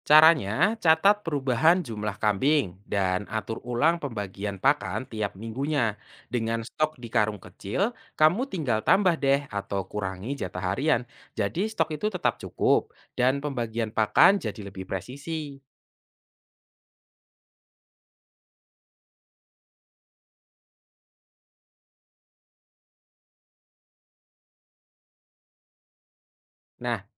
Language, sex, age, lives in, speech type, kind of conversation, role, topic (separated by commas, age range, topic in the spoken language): Indonesian, male, 25-29, Indonesia, read, expert-assistant, expert, Saat menghadapi keterbatasan waktu dan dana, bagaimana cara menentukan prioritas dalam mengurus hewan ternak sehari-hari?